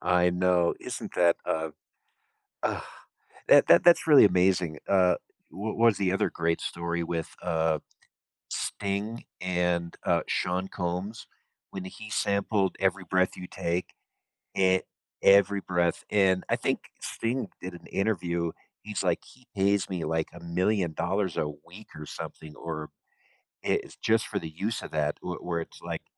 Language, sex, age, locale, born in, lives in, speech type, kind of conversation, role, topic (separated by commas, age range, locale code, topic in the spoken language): English, male, 45-49, en-US, United States, United States, conversation, advice, advisor, How can I build resilience and bounce back after setbacks?